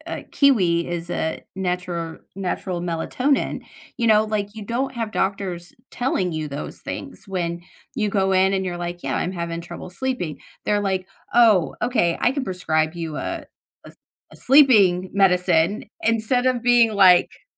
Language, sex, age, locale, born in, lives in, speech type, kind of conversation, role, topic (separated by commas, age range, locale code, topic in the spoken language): English, female, 40-44, en-US, United States, United States, conversation, unstructured, none, What recent science or health story surprised you?